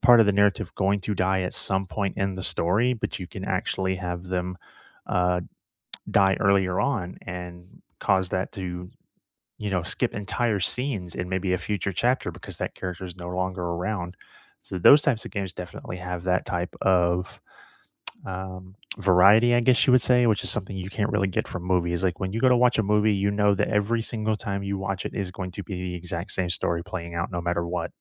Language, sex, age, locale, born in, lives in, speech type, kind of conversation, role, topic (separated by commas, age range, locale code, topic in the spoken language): English, male, 35-39, en-US, United States, United States, conversation, unstructured, none, Which video game stories felt more powerful than most movies for you, and why did they stick with you?